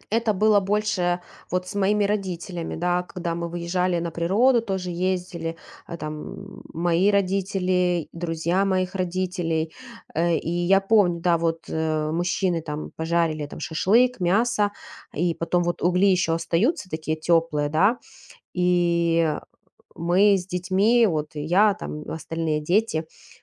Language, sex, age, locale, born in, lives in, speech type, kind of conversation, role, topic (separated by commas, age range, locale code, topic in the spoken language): Russian, female, 35-39, ru-RU, Ukraine, Spain, conversation, podcast, guest, Какой кухонный запах мгновенно возвращает тебя в прошлое?